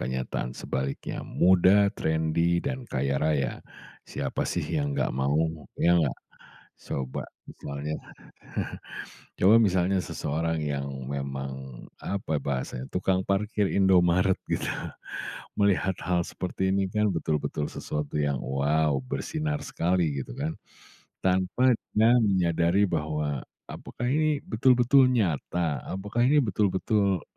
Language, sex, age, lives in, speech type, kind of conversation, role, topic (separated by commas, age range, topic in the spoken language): Indonesian, male, 40-44, Indonesia, conversation, podcast, host, Mengapa banyak orang suka mengikuti kehidupan sehari-hari influencer?